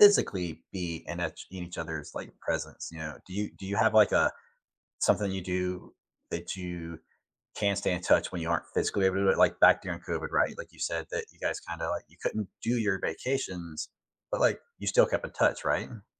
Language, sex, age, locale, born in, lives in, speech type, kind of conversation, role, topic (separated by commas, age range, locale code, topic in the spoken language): English, male, 40-44, en-US, United States, United States, conversation, unstructured, none, What simple, meaningful ways do you stay connected with the people you care about most?